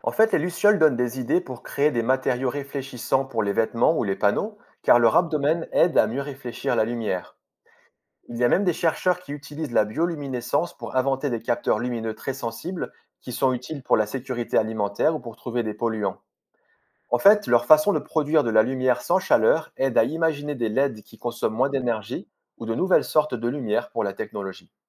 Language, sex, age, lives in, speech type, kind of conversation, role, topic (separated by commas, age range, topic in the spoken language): French, male, 35-39, France, read, expert-assistant, expert, Comment les lucioles produisent-elles de la lumière ?